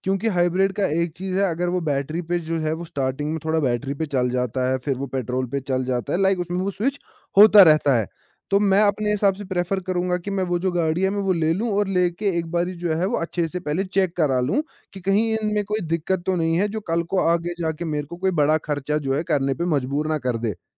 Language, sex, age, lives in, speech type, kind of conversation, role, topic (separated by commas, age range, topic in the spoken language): Hindi, male, 20-24, India, conversation, podcast, guest, Thrifting ya second-hand shopping karte waqt kaunse tips follow karne chahiye?